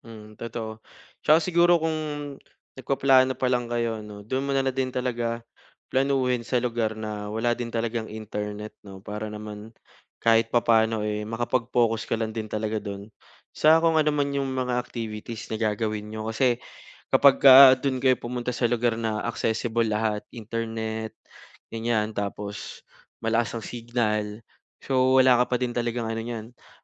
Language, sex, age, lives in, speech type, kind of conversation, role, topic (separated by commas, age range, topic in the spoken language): Filipino, male, 25-29, Philippines, conversation, advice, advisor, Paano ko mamamahalaang mabuti ang oras at stress habang nagbabakasyon?